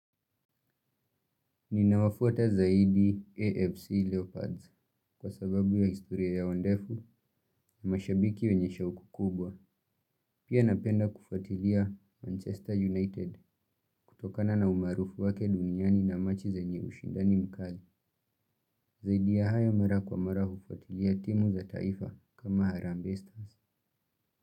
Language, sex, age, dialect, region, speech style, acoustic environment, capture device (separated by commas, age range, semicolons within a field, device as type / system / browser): Swahili, male, 18-24, Kenya - Nairobi Sheng-influenced, Kenya, relaxed, appliances; nature, mobile / Linux / Chrome